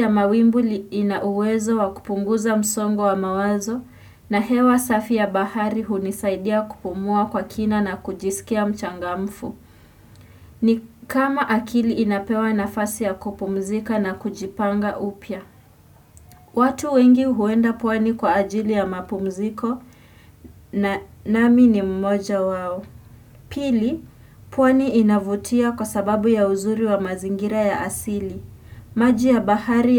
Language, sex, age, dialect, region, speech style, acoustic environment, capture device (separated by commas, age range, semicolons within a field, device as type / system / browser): Swahili, female, 18-24, Kenya - Nairobi Sheng-influenced, Kenya, anxious; focused; relaxed, nature, desktop / Windows / Chrome